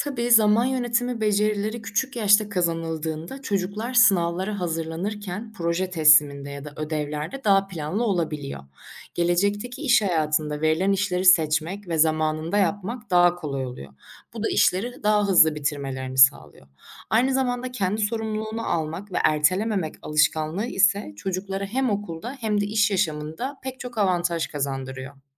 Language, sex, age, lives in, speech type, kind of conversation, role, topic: Turkish, female, 25-29, Germany, read, expert-assistant, expert, Teknolojinin günlük yaşantımıza etkileri nelerdir?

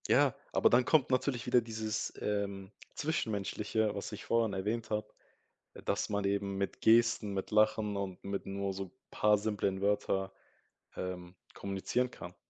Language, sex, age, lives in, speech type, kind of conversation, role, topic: German, male, 20-24, Germany, conversation, podcast, guest, Was würdest du jemandem raten, der zum ersten Mal alleine reist?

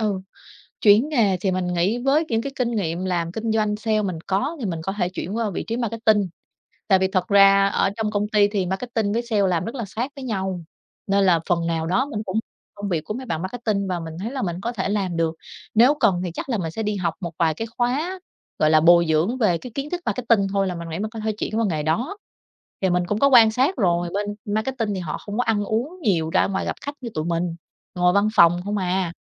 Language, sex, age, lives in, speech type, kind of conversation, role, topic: Vietnamese, female, 30-34, Vietnam, conversation, advice, user, Mình nên đổi nghề hay chỉ cần điều chỉnh lối sống?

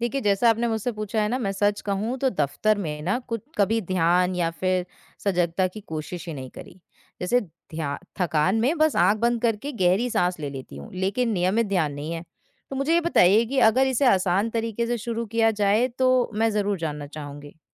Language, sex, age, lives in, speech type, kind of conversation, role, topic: Hindi, female, 20-24, India, read, expert-assistant, asker, काम की व्यस्तता के बीच बीमारियों से बचने के लिए क्या छोटे-छोटे बदलाव किए जा सकते हैं?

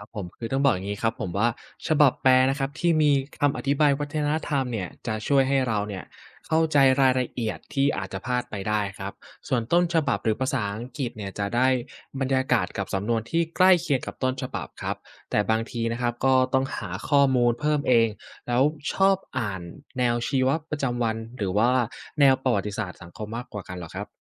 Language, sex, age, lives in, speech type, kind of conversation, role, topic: Thai, male, 20-24, Thailand, read, expert-assistant, expert, ถ้าอยากเข้าใจวรรณกรรมจากประเทศต่าง ๆ ให้ดีขึ้น ควรเริ่มต้นจากอะไรดี?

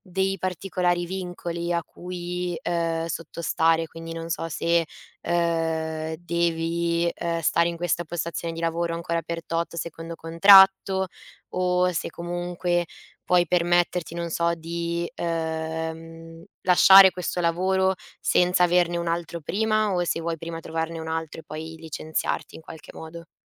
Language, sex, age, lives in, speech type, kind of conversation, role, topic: Italian, female, 20-24, Italy, conversation, advice, advisor, Come posso superare la paura di intraprendere una nuova carriera dopo un fallimento precedente?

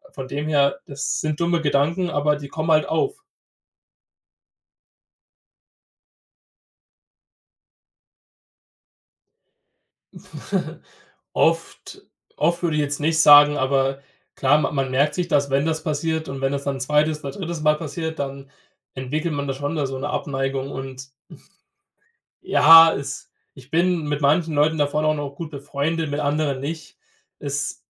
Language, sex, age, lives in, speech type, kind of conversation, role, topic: German, male, 20-24, Germany, conversation, podcast, guest, Wie haben soziale Medien deine Freundschaften verändert?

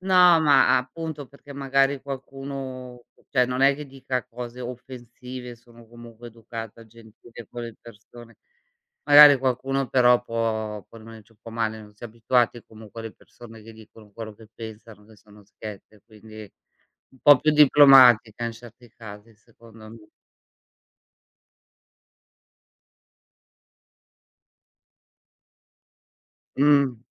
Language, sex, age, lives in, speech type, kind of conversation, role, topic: Italian, female, 55-59, Italy, conversation, unstructured, none, Come vorresti migliorare la tua comunicazione interpersonale?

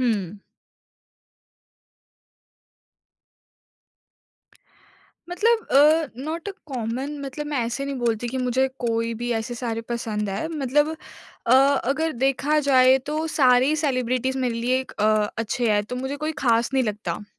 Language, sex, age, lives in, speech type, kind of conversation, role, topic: Hindi, female, 20-24, India, conversation, podcast, guest, Aapka conversation shuru karne ka sabse pasandida tareeka kya hota hai?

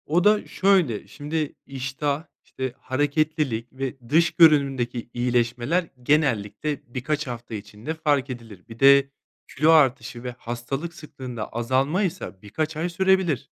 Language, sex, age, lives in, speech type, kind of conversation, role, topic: Turkish, male, 30-34, Spain, read, expert-assistant, expert, İnternette hayvancılıkla ilgili okuduğumuz beslenme önerilerinin güvenilir olduğunu nasıl anlayabiliriz?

